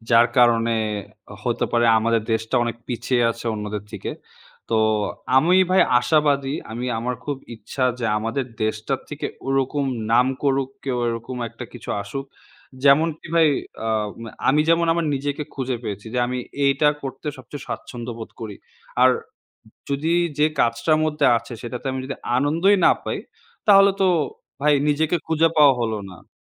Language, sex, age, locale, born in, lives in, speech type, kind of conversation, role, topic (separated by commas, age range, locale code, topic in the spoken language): Bengali, male, 20-24, bn-BD, Bangladesh, Bangladesh, conversation, unstructured, none, তোমার নিজেকে খুঁজে পাওয়ার প্রক্রিয়াটা কেমন ছিল?